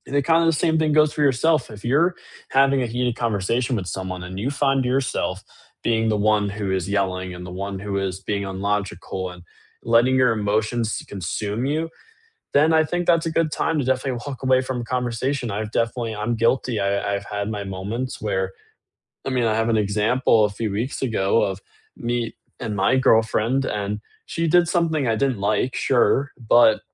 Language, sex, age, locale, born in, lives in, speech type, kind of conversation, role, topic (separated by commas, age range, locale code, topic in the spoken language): English, male, 18-19, en-US, United States, United States, conversation, unstructured, none, When should you walk away from a heated conversation?